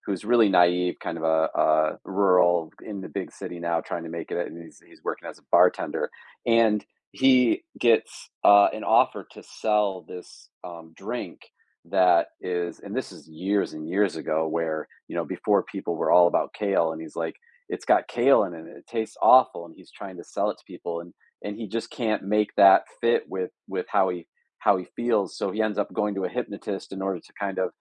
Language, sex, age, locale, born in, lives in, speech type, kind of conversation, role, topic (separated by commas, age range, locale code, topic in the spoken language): English, male, 45-49, en-US, United States, United States, conversation, podcast, host, How do you handle the most difficult aspects of your work?